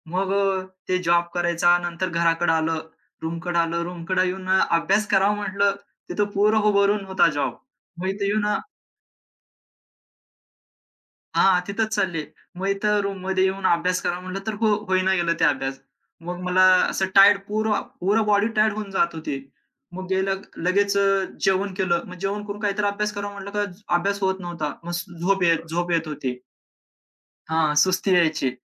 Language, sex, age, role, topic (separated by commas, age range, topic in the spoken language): Marathi, male, 25-29, guest, तुला एखादा खराब सल्ला मिळाल्यावर त्यातून तू काय शिकलास?